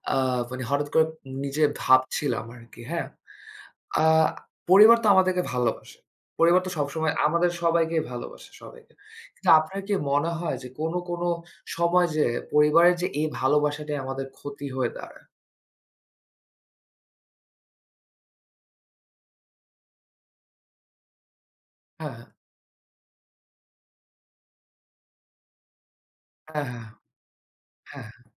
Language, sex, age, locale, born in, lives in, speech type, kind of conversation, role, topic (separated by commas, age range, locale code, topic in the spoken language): Bengali, male, 25-29, bn-BD, Bangladesh, Bangladesh, conversation, unstructured, none, পরিবারের ভালোবাসা কি কখনও কখনও কষ্টের কারণ হতে পারে?